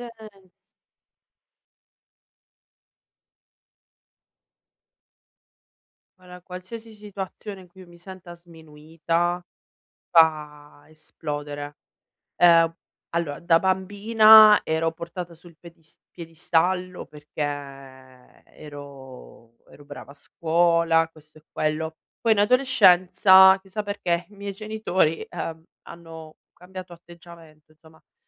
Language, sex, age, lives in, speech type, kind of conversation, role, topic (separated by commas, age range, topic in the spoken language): Italian, female, 35-39, Italy, conversation, advice, user, Come posso comunicare con la mia famiglia senza ripetere i vecchi schemi familiari?